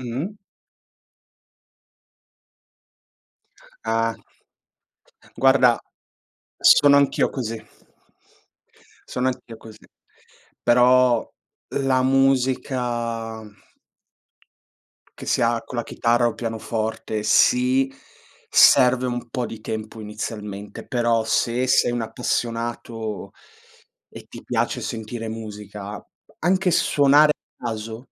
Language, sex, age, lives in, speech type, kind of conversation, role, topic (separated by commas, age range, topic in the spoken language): Italian, male, 25-29, Italy, conversation, unstructured, none, Quale hobby ti ha insegnato qualcosa di importante?